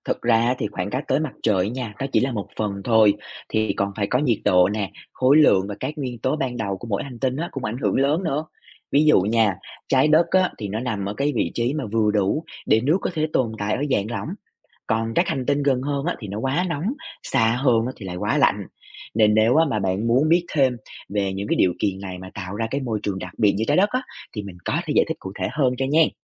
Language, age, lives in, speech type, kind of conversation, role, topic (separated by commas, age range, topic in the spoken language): Vietnamese, 25-29, Vietnam, read, expert-assistant, expert, Nếu muốn tìm hiểu về các giai đoạn phát triển của một ngôi sao, bạn sẽ bắt đầu từ đâu để dễ hình dung nhất?